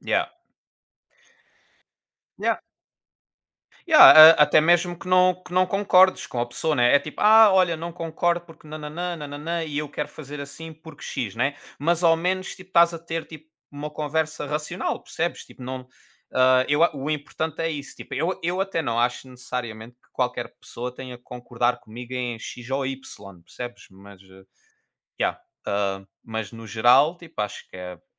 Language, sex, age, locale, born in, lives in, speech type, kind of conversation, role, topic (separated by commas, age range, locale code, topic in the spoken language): Portuguese, male, 25-29, pt-PT, Portugal, Portugal, conversation, unstructured, none, Como transformar uma conversa difícil em uma oportunidade de crescimento?